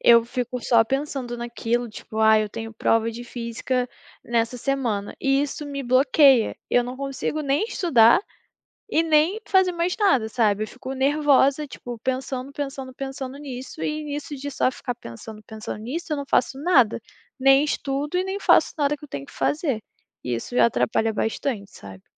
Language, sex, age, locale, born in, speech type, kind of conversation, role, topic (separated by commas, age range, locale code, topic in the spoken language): Portuguese, female, 20-24, pt-BR, Brazil, conversation, podcast, guest, Como lidar com a ansiedade antes de provas importantes?